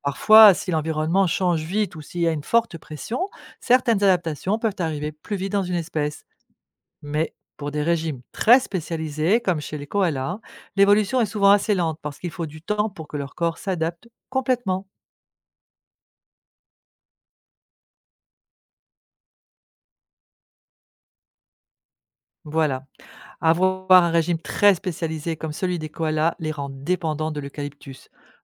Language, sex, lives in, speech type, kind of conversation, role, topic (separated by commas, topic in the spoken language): French, female, France, read, expert-assistant, expert, Pourquoi les koalas ne mangent-ils que des feuilles d’eucalyptus ?